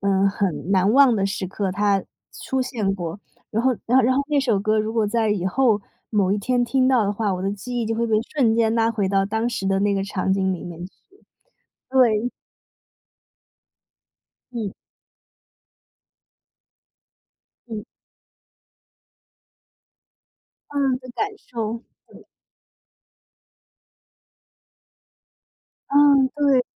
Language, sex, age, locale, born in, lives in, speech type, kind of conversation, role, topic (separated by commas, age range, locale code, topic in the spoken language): Chinese, female, 25-29, zh-CN, China, United States, conversation, unstructured, none, 你觉得音乐会让人更快乐吗？